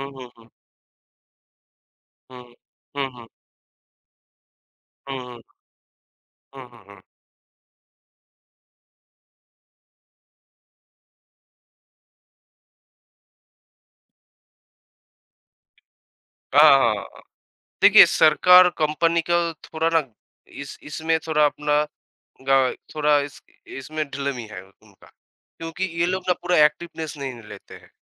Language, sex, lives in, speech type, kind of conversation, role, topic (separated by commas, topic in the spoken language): Hindi, male, India, conversation, unstructured, none, क्या साइबर सुरक्षा पर ध्यान देना ज़रूरी है, और क्यों?